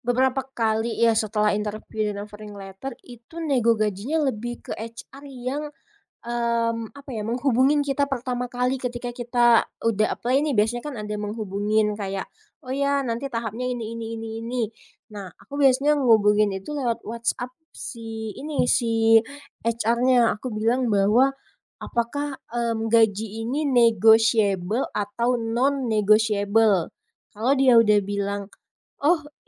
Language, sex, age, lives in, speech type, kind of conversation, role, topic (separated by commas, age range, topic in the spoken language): Indonesian, female, 25-29, Indonesia, conversation, podcast, guest, Pernahkah kamu menegosiasikan gaji, dan bagaimana ceritanya?